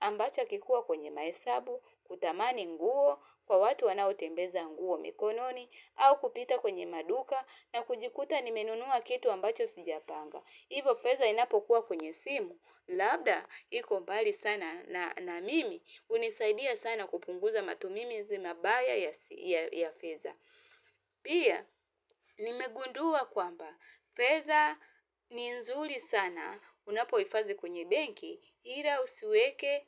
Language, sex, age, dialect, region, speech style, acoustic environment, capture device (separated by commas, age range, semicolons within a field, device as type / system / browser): Swahili, female, 35-44, Tanzania - Dar es Salaam, Tanzania, happy; relaxed, nature, mobile / Linux / Chrome